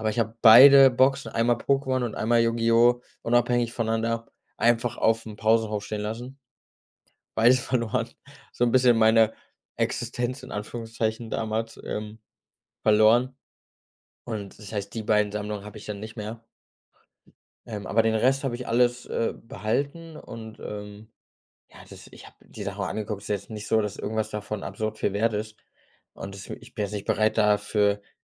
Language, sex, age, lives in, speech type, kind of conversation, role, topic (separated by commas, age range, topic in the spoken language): German, male, 25-29, Germany, conversation, podcast, guest, Was hast du als Kind gesammelt und warum?